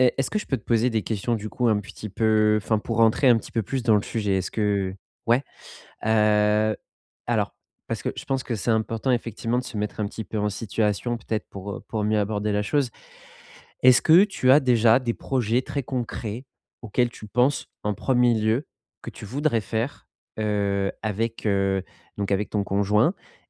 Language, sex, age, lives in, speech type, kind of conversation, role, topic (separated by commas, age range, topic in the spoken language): French, male, 30-34, France, conversation, advice, advisor, Comment rester indépendant(e) tout en construisant des projets communs avec mon partenaire ?